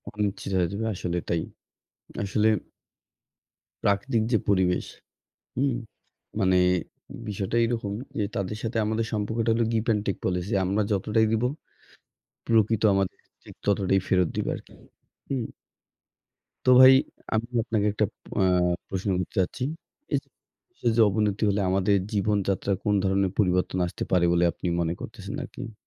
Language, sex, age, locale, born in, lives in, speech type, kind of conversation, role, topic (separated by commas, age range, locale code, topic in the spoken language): Bengali, male, 20-24, bn-BD, Bangladesh, Bangladesh, conversation, unstructured, none, পরিবেশের ক্ষতি হলে আমাদের ভবিষ্যৎ কেমন হতে পারে?